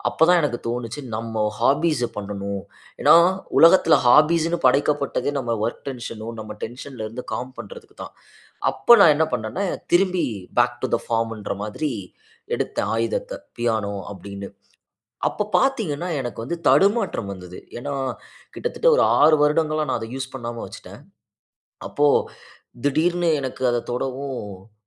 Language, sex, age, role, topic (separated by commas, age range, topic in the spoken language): Tamil, male, 25-29, guest, நீங்கள் உங்கள் பொழுதுபோக்கு நேரத்தை வழக்கமாக எப்படிச் செலவிடுகிறீர்கள்?